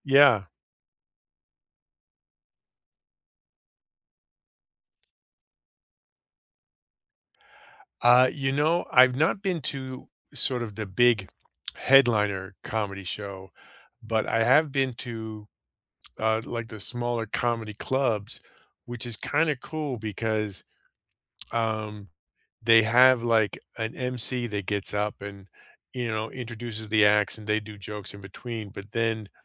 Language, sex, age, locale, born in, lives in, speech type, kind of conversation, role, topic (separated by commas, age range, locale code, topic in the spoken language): English, male, 50-54, en-US, United States, United States, conversation, unstructured, none, What live theater experiences have given you goosebumps?